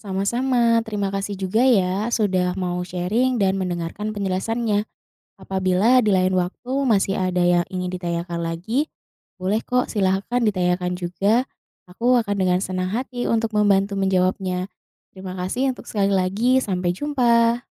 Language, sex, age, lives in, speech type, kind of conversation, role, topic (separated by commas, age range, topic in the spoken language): Indonesian, female, 30-34, Indonesia, read, expert-assistant, expert, Mengapa kita merasa panas saat berada di bawah sinar matahari?